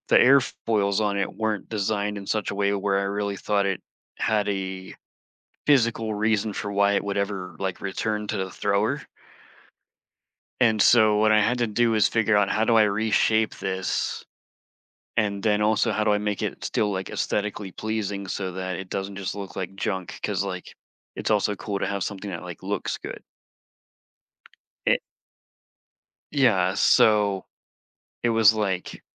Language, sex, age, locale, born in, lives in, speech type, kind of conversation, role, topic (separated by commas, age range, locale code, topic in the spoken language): English, male, 40-44, en-US, United States, United States, conversation, podcast, guest, How do you find your initial spark of creativity when beginning something new?